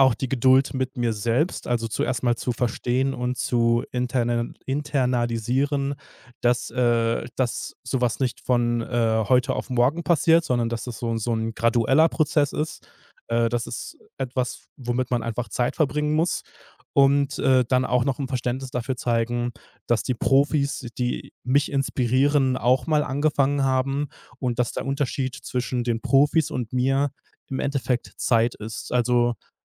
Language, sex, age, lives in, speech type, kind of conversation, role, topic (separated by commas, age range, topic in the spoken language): German, male, 30-34, Germany, conversation, podcast, guest, Wie lernst du aus Fehlern in kreativen Projekten?